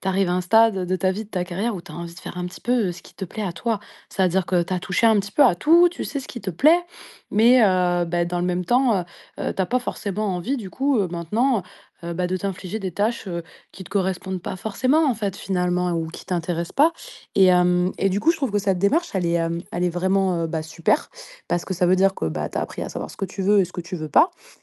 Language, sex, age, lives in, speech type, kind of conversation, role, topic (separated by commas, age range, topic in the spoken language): French, female, 30-34, France, conversation, advice, advisor, Comment la collaboration peut-elle relancer ma créativité en équipe ?